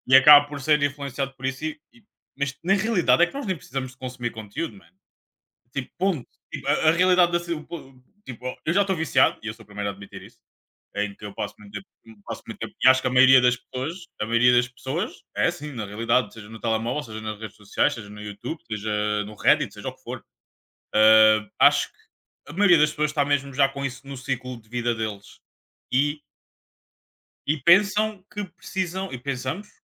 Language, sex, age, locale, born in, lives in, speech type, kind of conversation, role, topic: Portuguese, male, 25-29, pt-PT, Portugal, Portugal, conversation, unstructured, none, Você já teve medo de perder a liberdade de escolher o que quer assistir?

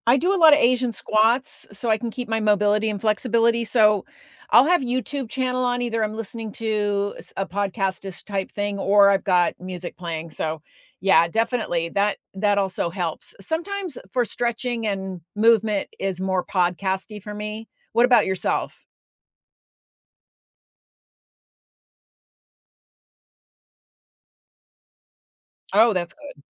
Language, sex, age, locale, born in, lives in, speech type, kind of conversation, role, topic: English, female, 60-64, en-US, United States, United States, conversation, unstructured, none, What’s one surprising way music can impact your workout?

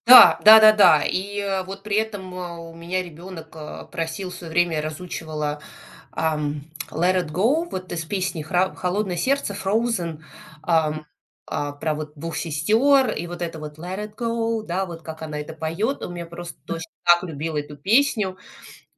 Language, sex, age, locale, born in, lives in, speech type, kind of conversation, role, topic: Russian, female, 40-44, ru-RU, Russia, United States, conversation, podcast, guest, Как ты находишь свой творческий голос?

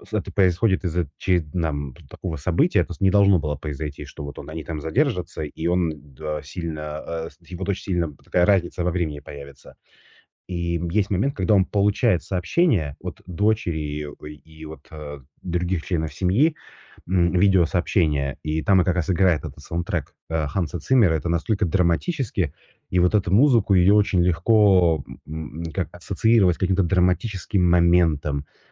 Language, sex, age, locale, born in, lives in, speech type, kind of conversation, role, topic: Russian, male, 35-39, ru-RU, Russia, Italy, conversation, podcast, guest, Какие саундтреки из фильмов стали частью твоей жизни?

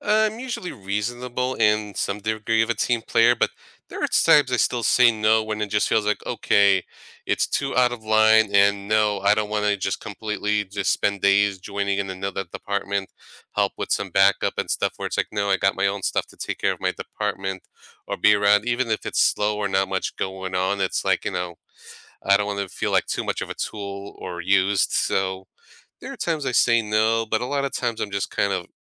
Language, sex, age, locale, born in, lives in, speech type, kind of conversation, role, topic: English, male, 35-39, en-US, United States, United States, conversation, unstructured, none, How do you motivate yourself to start something you don’t want to do?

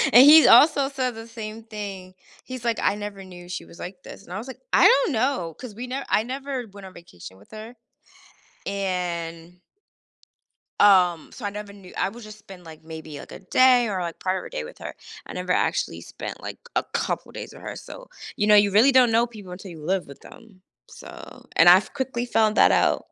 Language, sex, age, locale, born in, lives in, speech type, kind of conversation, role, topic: English, female, 30-34, en-US, United States, United States, conversation, advice, user, How can I resolve a disagreement with a close friend without damaging our friendship?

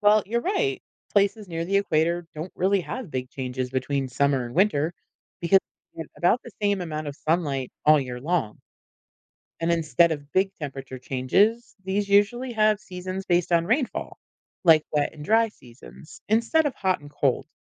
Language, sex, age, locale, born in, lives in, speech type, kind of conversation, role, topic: English, female, 45-49, en-US, United States, United States, read, expert-assistant, expert, What causes seasons to change throughout the year?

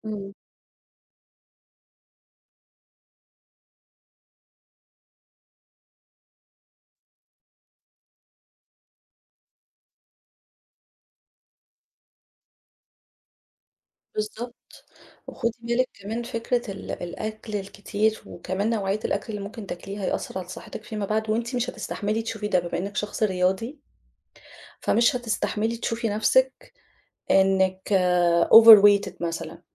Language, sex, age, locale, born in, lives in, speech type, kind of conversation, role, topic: Arabic, female, 35-39, ar-EG, Egypt, Egypt, conversation, advice, advisor, إزاي كانت تجربتك مع الأكل الكتير وقت التوتر والضيق؟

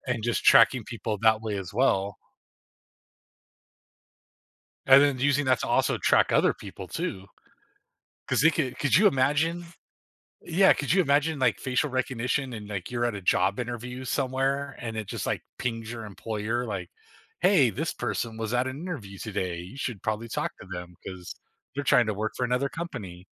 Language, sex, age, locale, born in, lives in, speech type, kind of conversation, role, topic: English, male, 40-44, en-US, United States, United States, conversation, unstructured, none, How do you think facial recognition technology will impact our privacy and daily lives?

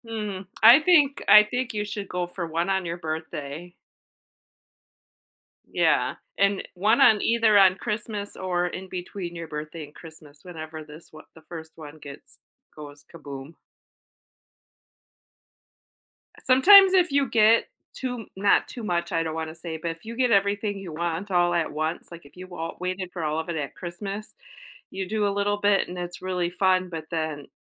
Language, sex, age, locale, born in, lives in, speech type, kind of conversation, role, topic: English, female, 45-49, en-US, United States, United States, conversation, advice, advisor, How do I find a new hobby that fits my interests and schedule?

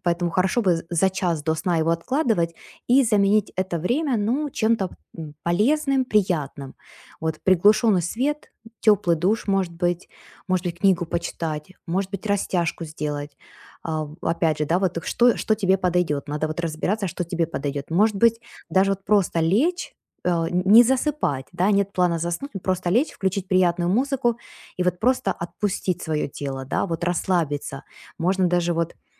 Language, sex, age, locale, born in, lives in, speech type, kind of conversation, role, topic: Russian, female, 40-44, ru-UA, Ukraine, United States, conversation, advice, advisor, Как мне расслабиться и легче засыпать по вечерам?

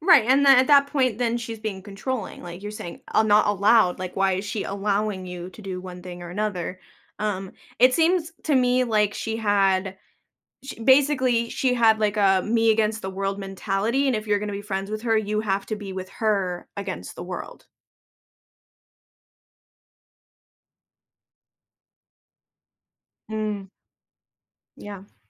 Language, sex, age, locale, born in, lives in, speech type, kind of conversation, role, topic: English, female, 25-29, en-US, United States, United States, conversation, advice, advisor, How should I handle a disagreement with a close friend?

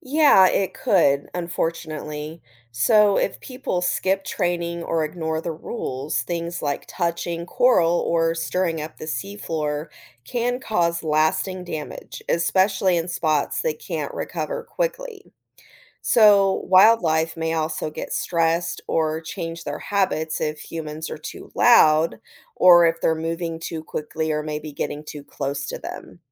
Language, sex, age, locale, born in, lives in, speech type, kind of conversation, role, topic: English, female, 40-44, en-US, United States, United States, read, expert-assistant, expert, What if humans could breathe underwater—how would this impact ocean exploration and conservation?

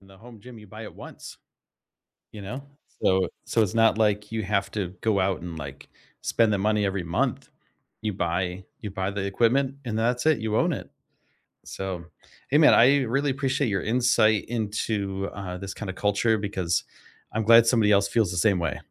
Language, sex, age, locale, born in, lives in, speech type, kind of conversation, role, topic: English, male, 45-49, en-US, United States, United States, conversation, unstructured, none, What recent win—big or small—are you most proud of, and why?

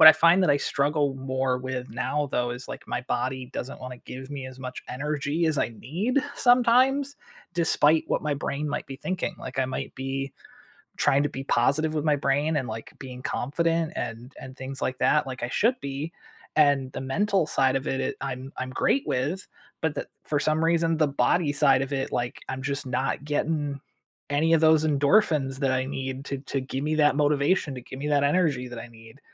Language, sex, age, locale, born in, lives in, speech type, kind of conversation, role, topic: English, male, 35-39, en-US, United States, United States, conversation, unstructured, none, How do the paths you plan compare to the ones you find along the way?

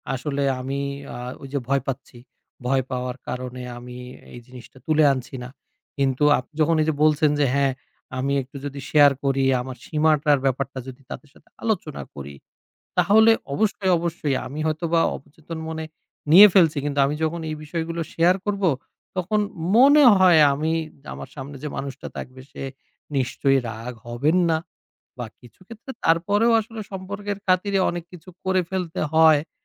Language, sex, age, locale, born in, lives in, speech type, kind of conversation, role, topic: Bengali, male, 30-34, bn-BD, Bangladesh, Bangladesh, conversation, advice, user, সীমা নির্ধারণ না করে সবকিছুকে ‘হ্যাঁ’ বলতে গিয়ে আপনি কীভাবে নিজেকে ক্ষতিগ্রস্ত করেছেন?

